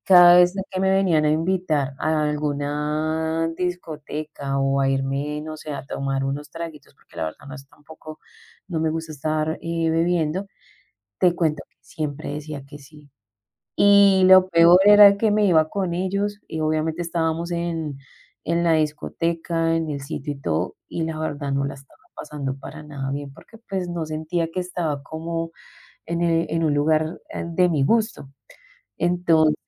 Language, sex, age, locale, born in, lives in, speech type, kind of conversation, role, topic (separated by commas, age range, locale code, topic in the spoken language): Spanish, female, 40-44, es-CO, Colombia, Spain, conversation, advice, user, ¿Cómo puedes decir “no” a un favor sin sentir culpa?